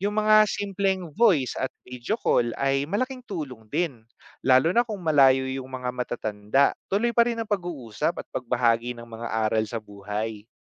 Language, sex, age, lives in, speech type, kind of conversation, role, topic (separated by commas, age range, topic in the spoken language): Filipino, male, 30-34, Philippines, read, expert-assistant, expert, Paano nakakatulong ang pag-aalaga sa mga matatanda sa pagpapalalim ng pag-unawa sa buhay?